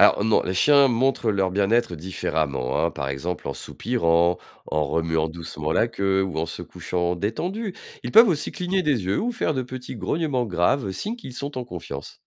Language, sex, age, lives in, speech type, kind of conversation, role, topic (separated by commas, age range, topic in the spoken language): French, male, 45-49, France, read, expert-assistant, expert, Quelles méthodes simples permettent de mieux comprendre pourquoi nos animaux de compagnie agissent comme ils le font ?